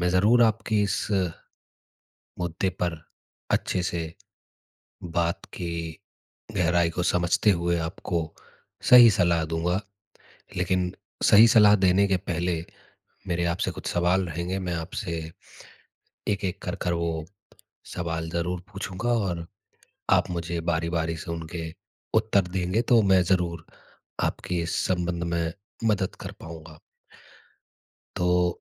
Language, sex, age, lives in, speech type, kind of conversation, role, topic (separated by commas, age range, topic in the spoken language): Hindi, male, 30-34, India, conversation, advice, advisor, डर और खुद पर शक होने पर असफलता के बाद मैं फिर से कोशिश कैसे करूँ?